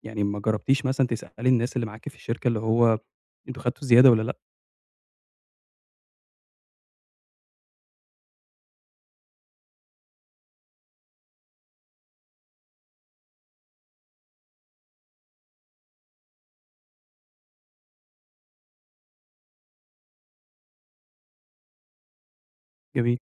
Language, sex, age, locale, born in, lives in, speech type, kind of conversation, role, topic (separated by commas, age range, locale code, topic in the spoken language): Arabic, male, 25-29, ar-EG, Egypt, Egypt, conversation, advice, advisor, إزاي أطلب ترقية أو زيادة في المرتب؟